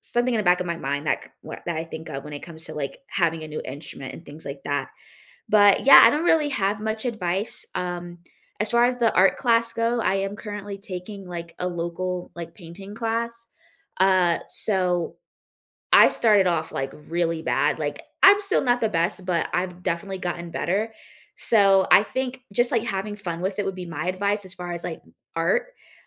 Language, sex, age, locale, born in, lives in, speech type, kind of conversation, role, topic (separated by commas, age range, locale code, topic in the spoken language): English, female, 20-24, en-US, United States, United States, conversation, unstructured, none, What hobby would you take up if you had unlimited time and money?